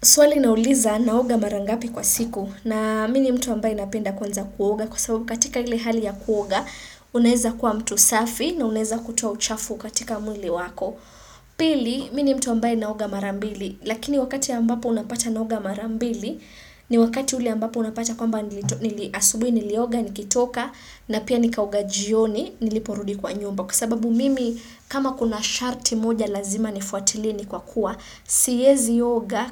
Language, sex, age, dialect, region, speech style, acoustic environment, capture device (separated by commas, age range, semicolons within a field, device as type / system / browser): Swahili, female, 25-34, Kenya - Nairobi Sheng-influenced, Kenya, happy; focused; excited, silence, desktop / Windows / Chrome